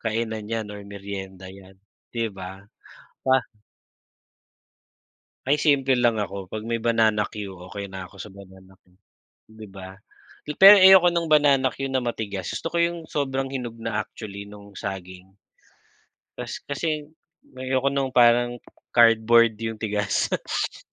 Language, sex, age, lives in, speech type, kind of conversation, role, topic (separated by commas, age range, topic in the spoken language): Filipino, male, 40-44, Philippines, conversation, unstructured, none, Ano ang mga paborito mong gawain sa hapon para magpahinga?